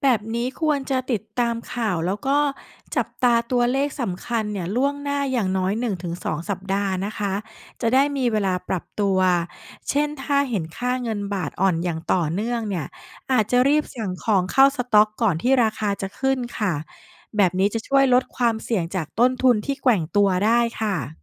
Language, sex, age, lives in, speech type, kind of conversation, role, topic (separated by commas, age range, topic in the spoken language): Thai, female, 40-44, Thailand, read, expert-assistant, expert, จะใช้ข่าวต่างประเทศให้เป็นประโยชน์กับการวางแผนชีวิตประจำวันได้ยังไงบ้าง?